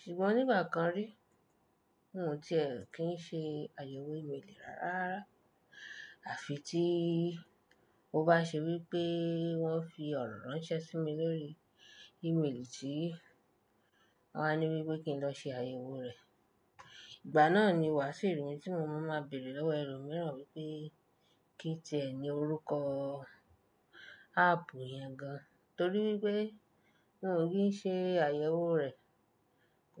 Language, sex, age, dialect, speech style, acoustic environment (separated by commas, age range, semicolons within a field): Yoruba, female, 25-34, Nigeria - Nigerian Standard, happy; relaxed, silence